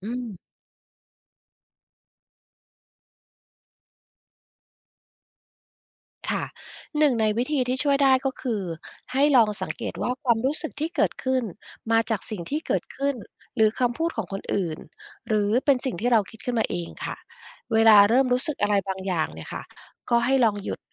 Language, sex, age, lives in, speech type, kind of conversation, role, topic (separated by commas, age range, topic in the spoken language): Thai, female, 45-49, United States, read, expert-assistant, expert, การรู้จักควบคุมอารมณ์มีผลต่อความสัมพันธ์กับผู้อื่นอย่างไร